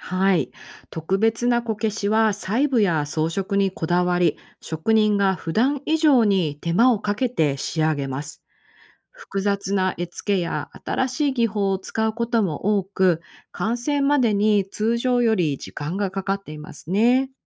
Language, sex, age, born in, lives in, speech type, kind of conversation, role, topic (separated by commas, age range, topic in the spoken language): Japanese, female, 45-49, Japan, United States, read, expert-assistant, expert, 『こけし』はどんな特徴や意味を持つ民芸品なのですか？